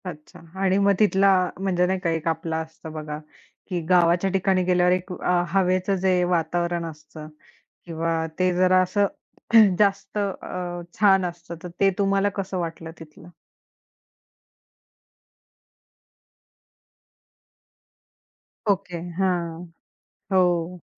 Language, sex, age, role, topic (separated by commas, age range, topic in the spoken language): Marathi, female, 25-29, host, तुम्ही केलेल्या एकट्या प्रवासांपैकी सर्वात सुंदर प्रवास कुठे झाला होता?